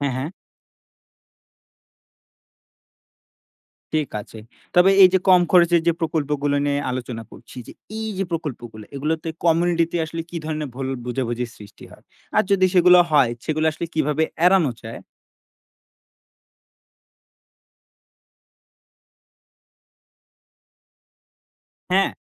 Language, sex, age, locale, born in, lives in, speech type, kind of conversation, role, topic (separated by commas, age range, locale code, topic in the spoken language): Bengali, male, 20-24, bn-BD, Bangladesh, Bangladesh, read, expert-assistant, asker, জলবায়ু পরিবর্তনের প্রভাব কমাতে স্থানীয় কমিউনিটিগুলোর জন্য কী কী সৃজনশীল পরিবেশবান্ধব উদ্যোগ নেওয়া যেতে পারে?